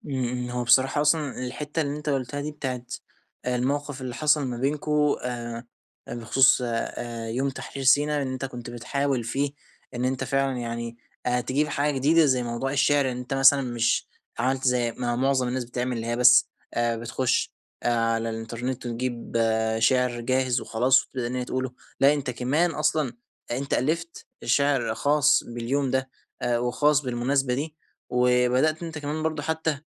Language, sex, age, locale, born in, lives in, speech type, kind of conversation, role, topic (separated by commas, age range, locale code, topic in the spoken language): Arabic, male, 20-24, ar-EG, Egypt, Egypt, conversation, advice, advisor, أتعامل إزاي مع النقد السلبي أو التعليقات اللي بتتقال بنيّة وحشة: أرد ولا أتجاهل؟